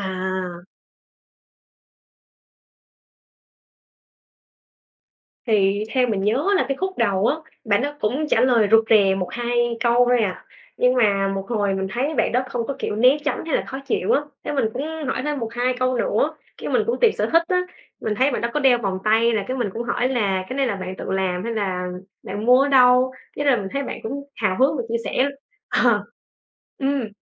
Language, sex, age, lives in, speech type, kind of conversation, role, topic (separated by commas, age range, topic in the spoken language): Vietnamese, female, 25-29, Vietnam, conversation, podcast, guest, Bạn thường bắt chuyện với người lạ bằng cách nào?